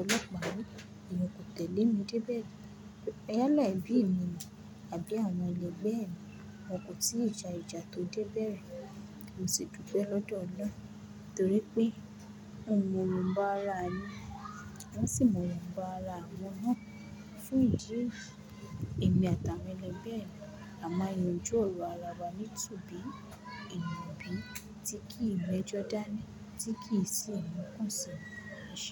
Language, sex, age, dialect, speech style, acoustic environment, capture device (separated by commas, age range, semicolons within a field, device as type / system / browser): Yoruba, female, 18-24, Nigeria - Lagos Yoruba, focused; relaxed, construction, mobile / Linux / Chrome